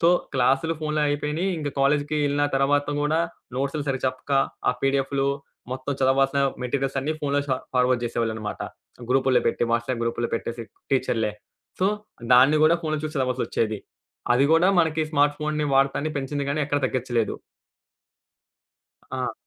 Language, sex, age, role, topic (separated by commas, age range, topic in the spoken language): Telugu, male, 20-24, guest, స్మార్ట్‌ఫోన్ వల్ల వచ్చే అంతరాయాలను మీరు ఎలా తగ్గించుకుంటారు?